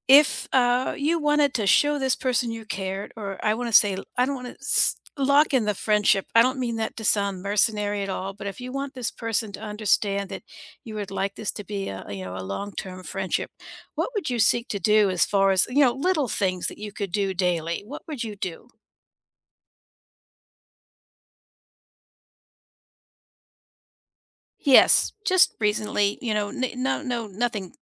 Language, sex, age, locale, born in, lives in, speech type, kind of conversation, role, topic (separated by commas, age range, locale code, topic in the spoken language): English, female, 60-64, en-US, United States, United States, conversation, unstructured, none, What little gestures make you feel appreciated?